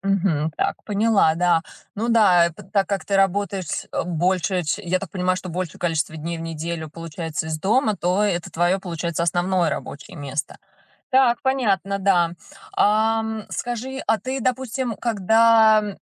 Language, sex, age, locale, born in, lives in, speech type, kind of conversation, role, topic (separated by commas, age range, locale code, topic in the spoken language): Russian, female, 35-39, ru-RU, Russia, United States, conversation, advice, advisor, Как мне изменить рабочее пространство и ритуалы, чтобы легче генерировать идеи?